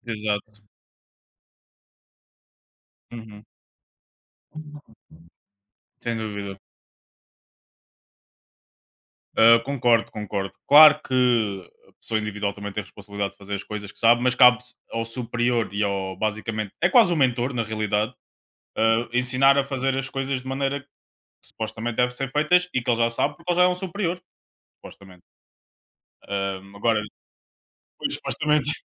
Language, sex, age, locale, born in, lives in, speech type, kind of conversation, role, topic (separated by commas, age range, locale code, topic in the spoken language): Portuguese, male, 25-29, pt-PT, Portugal, Portugal, conversation, unstructured, none, Qual valor você mais respeita nas pessoas?